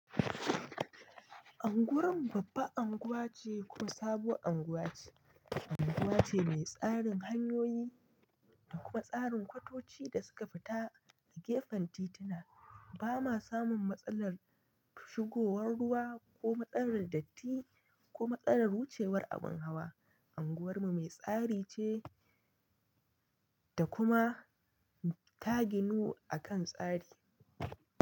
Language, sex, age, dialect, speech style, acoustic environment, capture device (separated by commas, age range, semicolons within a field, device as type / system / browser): Hausa, male, 25-34, Nigeria - Nigerian Standard, happy, nature, mobile / Linux / Chrome